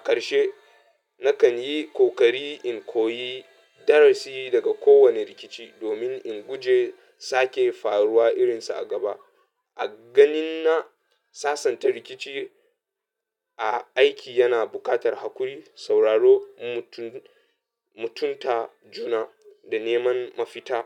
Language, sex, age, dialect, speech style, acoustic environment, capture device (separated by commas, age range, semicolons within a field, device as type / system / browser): Hausa, male, 25-34, Nigeria - Katsina, happy; anxious; nervous, music; people talking; appliances; traffic, mobile / Linux / Chrome